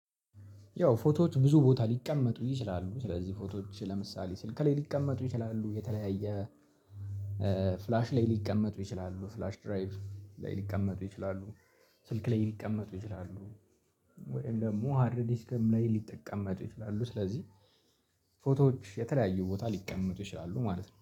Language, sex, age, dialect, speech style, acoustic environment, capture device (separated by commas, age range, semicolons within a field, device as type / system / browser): Amharic, male, 18-24, Ethiopia - Addis Ababa, relaxed, people talking, mobile / Linux / Chrome